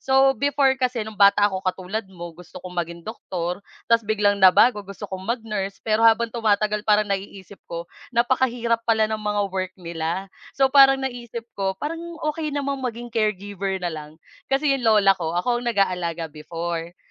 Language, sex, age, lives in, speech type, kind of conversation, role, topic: Filipino, female, 25-29, Philippines, conversation, unstructured, none, Ano ang mga pangarap mo sa hinaharap, at bakit?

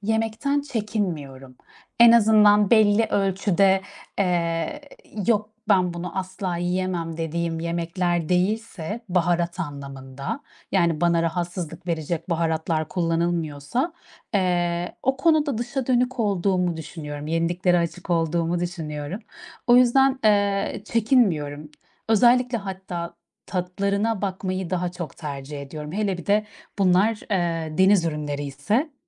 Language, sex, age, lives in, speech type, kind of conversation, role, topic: Turkish, female, 30-34, Netherlands, conversation, unstructured, none, En sevdiğin yemek hangisi ve onu neden seviyorsun?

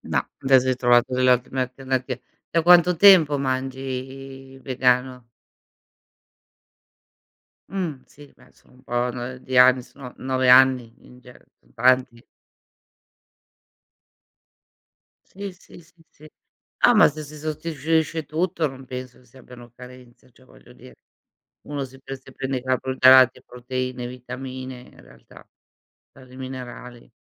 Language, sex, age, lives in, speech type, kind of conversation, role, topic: Italian, female, 55-59, Italy, conversation, unstructured, none, Qual è il tuo piatto preferito da cucinare quando hai ospiti a cena?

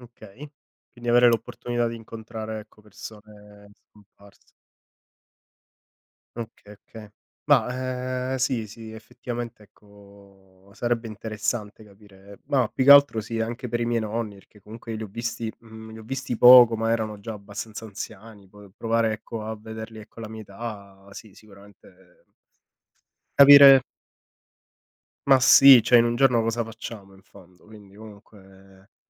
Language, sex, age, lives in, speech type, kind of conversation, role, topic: Italian, male, 30-34, Italy, conversation, unstructured, none, Cosa faresti se potessi viaggiare nel tempo per un solo giorno?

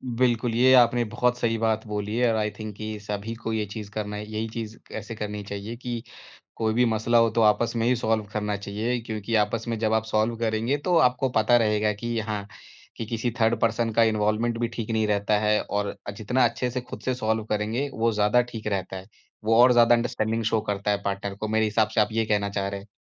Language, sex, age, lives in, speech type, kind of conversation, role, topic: Hindi, male, 30-34, India, conversation, podcast, host, Shaadi mein baatcheet ki pareshaniyan tum kaise suljhate ho?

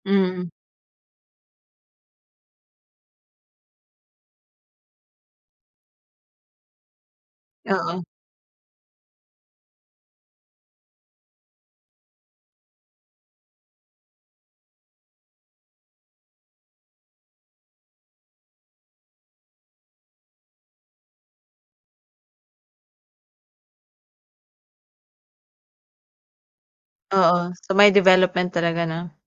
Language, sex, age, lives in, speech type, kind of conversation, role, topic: Filipino, female, 25-29, Philippines, conversation, podcast, host, Ano ang epekto ng mga platapormang panonood sa lokal na pelikula?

